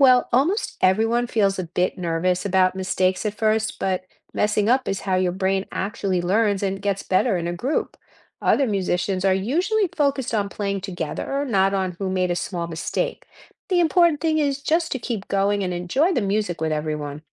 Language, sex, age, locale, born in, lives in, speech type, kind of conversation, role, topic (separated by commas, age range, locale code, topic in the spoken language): English, female, 60-64, en-US, United States, United States, read, expert-assistant, expert, How does learning an instrument benefit the brain?